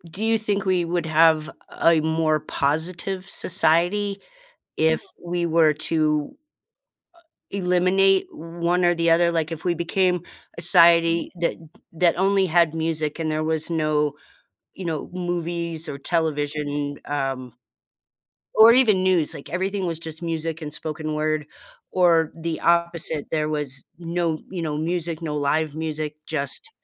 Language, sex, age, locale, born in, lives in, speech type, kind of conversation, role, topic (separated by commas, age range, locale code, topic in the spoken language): English, female, 50-54, en-US, United States, United States, conversation, unstructured, none, Would you rather live in a world without music or without movies?